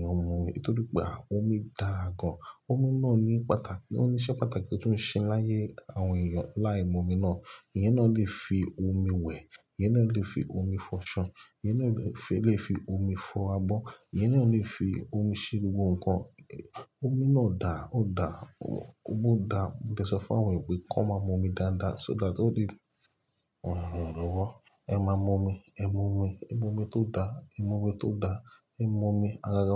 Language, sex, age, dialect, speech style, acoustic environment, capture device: Yoruba, male, 35-44, Nigeria - Lagos Yoruba, relaxed, nature, mobile / Linux / Chrome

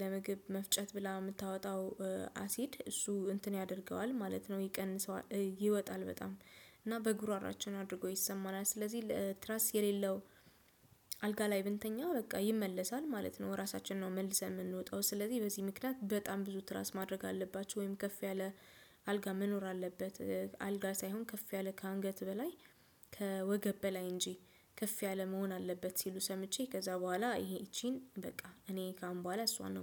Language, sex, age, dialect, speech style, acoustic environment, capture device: Amharic, female, 25-34, Ethiopia - Addis Ababa, relaxed; focused, silence, desktop / Windows / Chrome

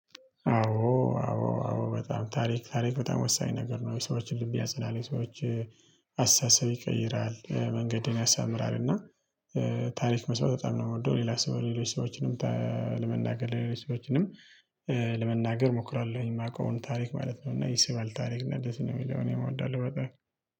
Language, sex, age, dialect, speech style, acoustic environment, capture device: Amharic, male, 25-34, Ethiopia - Tigray, happy, nature, mobile / Linux / Chrome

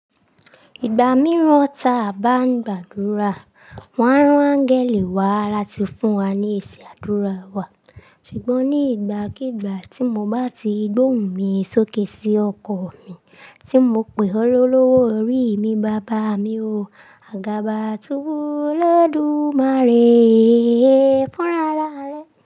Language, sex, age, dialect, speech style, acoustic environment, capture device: Yoruba, female, 25-34, Nigeria - Lagos Yoruba, relaxed, silence, mobile / Linux / Chrome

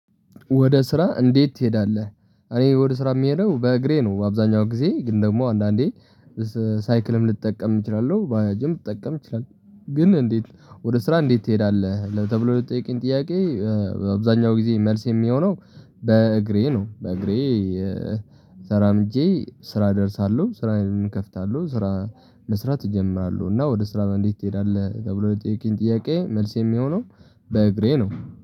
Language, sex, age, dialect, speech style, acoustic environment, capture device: Amharic, male, 18-24, Ethiopia - Tigray, relaxed, appliances, mobile / Linux / Chrome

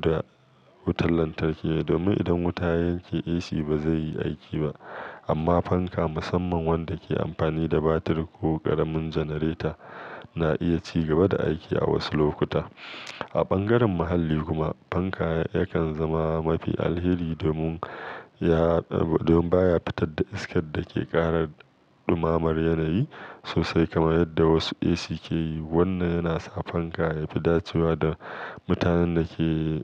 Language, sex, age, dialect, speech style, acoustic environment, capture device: Hausa, male, 35-44, Nigeria - Kano, focused, nature; silence, mobile / Linux / Chrome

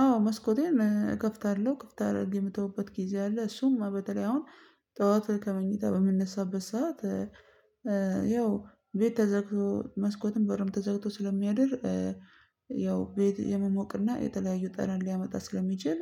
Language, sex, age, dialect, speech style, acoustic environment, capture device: Amharic, female, 25-34, Ethiopia - Addis Ababa, happy, silence, mobile / Linux / Chrome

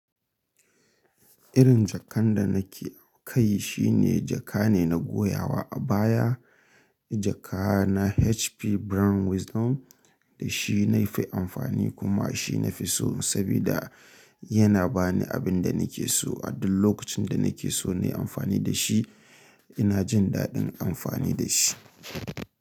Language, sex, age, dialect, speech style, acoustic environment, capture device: Hausa, male, 25-34, Nigeria - Kano, happy, nature, mobile / Linux / Chrome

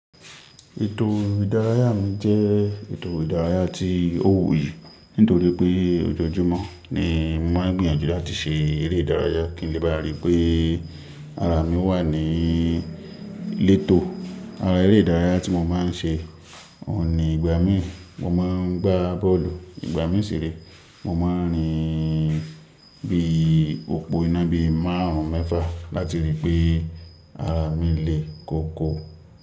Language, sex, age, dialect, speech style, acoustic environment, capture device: Yoruba, male, 25-34, Nigeria - Ibadan, happy, nature, mobile / Linux / Chrome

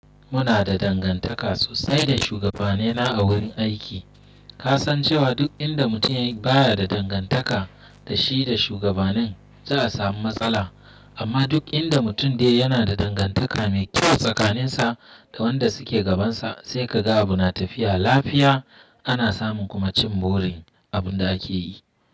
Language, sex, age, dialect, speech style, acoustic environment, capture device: Hausa, male, 25-34, Nigeria - Nigerian Standard, happy; excited, silence, desktop / Windows / Chrome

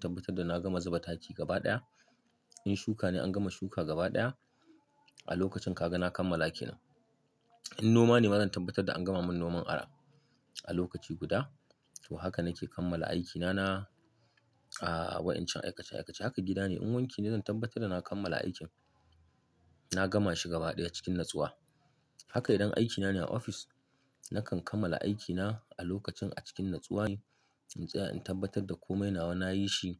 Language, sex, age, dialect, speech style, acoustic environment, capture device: Hausa, male, 35-44, Nigeria - Kano, happy; tired; relaxed; focused; excited, nature; silence; appliances, mobile / Linux / Chrome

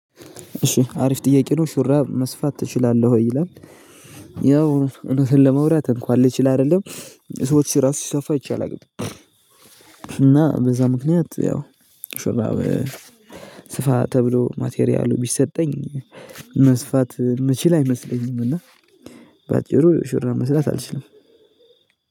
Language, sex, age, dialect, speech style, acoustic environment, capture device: Amharic, male, 18-24, Ethiopia - Addis Ababa, happy, silence, mobile / Linux / Chrome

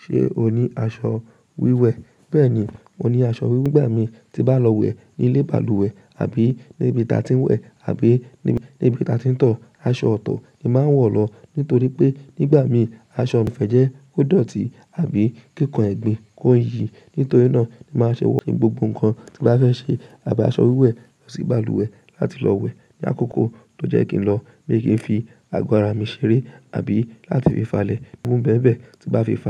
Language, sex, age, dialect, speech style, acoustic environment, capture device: Yoruba, female, 18-24, Nigeria - Lagos Yoruba, relaxed; happy, silence; nature, mobile / macOS / Safari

